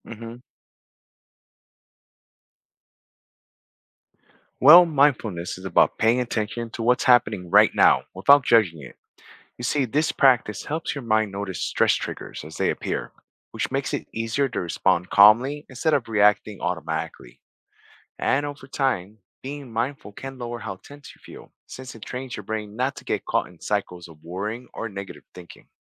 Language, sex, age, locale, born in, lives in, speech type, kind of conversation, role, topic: English, male, 40-44, en-US, United States, United States, read, expert-assistant, expert, How can practicing mindfulness reduce stress?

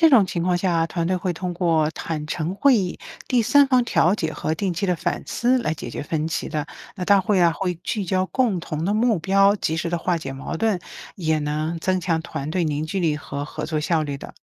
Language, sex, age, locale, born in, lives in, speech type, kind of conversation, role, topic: Chinese, female, 55-59, zh-CN, China, United States, read, expert-assistant, expert, 社会工作者平时怎么积累和提升与不同人的相处经验？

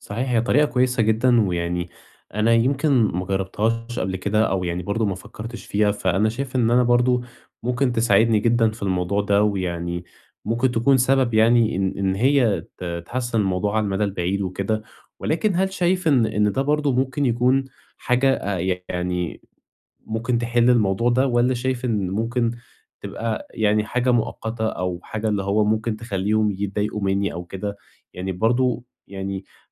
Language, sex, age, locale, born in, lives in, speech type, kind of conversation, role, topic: Arabic, male, 20-24, ar-EG, Egypt, Egypt, conversation, advice, user, إزاي أحط حدود صحية في الشغل والحياة من غير ما أحس بالذنب؟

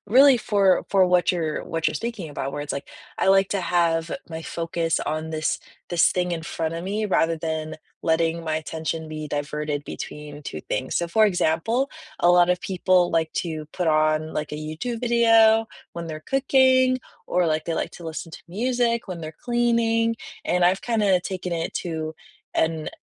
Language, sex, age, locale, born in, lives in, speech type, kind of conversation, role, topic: English, female, 20-24, en-US, United States, United States, conversation, unstructured, none, When you unplug for an hour, what offline ritual makes you feel most grounded, and why?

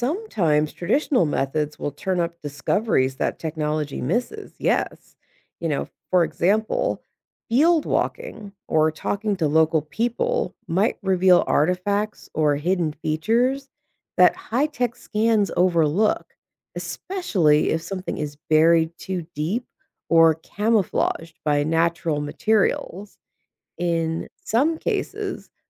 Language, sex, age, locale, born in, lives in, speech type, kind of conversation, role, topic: English, female, 35-39, en-US, United States, United States, read, expert-assistant, expert, What new discoveries or technologies could change how we study ancient human societies?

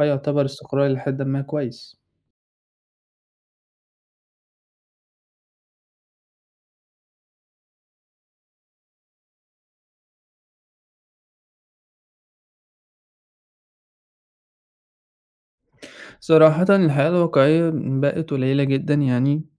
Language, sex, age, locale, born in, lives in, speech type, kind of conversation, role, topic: Arabic, male, 20-24, ar-EG, Egypt, Egypt, conversation, podcast, guest, إزاي بتختار الشغل المناسب ليك؟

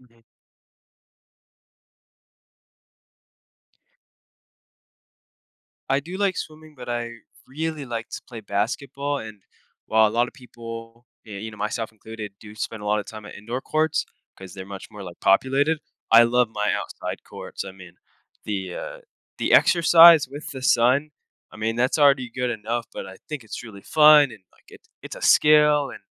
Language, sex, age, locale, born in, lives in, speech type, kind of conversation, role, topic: English, male, 18-19, en-US, United States, United States, conversation, podcast, guest, How does spending time in nature impact your life and well-being?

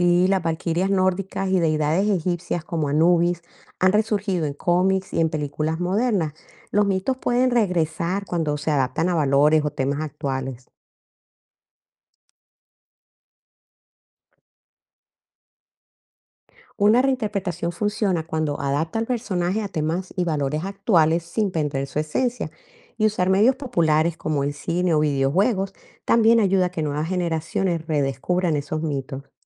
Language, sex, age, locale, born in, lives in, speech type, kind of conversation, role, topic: Spanish, female, 55-59, es-CO, Colombia, United States, read, expert-assistant, expert, ¿Es cierto que muchas historias clásicas de diferentes culturas comparten la misma estructura o trama básica?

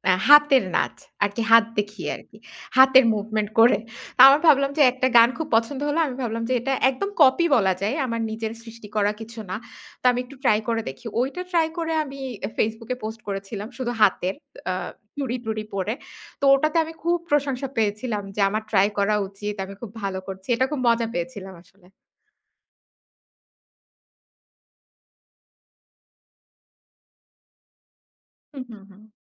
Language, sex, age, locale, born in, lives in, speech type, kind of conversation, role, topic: Bengali, female, 30-34, bn-BD, Bangladesh, Bangladesh, conversation, podcast, guest, আপনি আপনার দৈনন্দিন রুটিনে সৃজনশীল নতুনত্ব কীভাবে বজায় রাখেন?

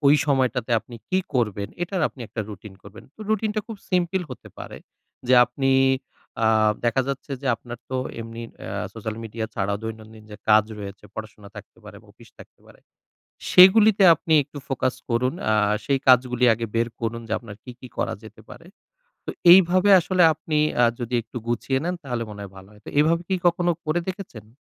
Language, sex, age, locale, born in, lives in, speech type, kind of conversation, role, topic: Bengali, male, 30-34, bn-BD, Bangladesh, Bangladesh, conversation, advice, advisor, প্রলোভনে পড়ে খাবার বা সামাজিক মাধ্যম ব্যবহার সীমিত রাখতে আমার এত কষ্ট হচ্ছে কেন, আমি কী করতে পারি?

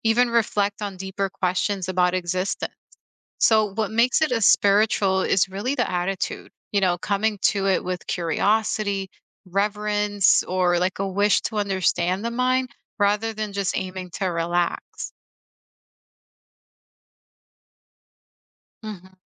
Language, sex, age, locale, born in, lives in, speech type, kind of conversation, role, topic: English, female, 35-39, en-US, United States, United States, read, expert-assistant, expert, What does mindfulness mean when it is practiced as a spiritual discipline?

